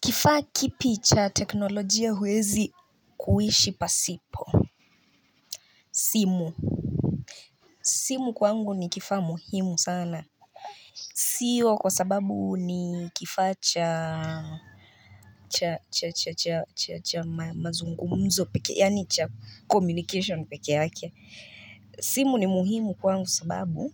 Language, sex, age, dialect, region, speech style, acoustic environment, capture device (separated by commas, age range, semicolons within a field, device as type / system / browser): Swahili, female, 25-34, Kenya - Nairobi Sheng-influenced, Kenya, relaxed, silence, mobile / Linux / Chrome